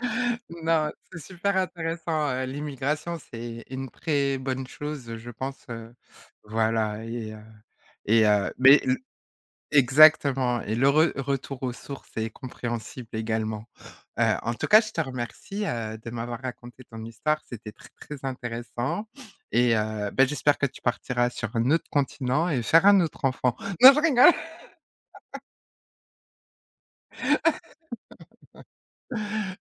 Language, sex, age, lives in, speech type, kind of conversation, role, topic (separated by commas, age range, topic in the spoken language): French, female, 40-44, France, conversation, podcast, host, Quelle est l’histoire d’immigration de ta famille ?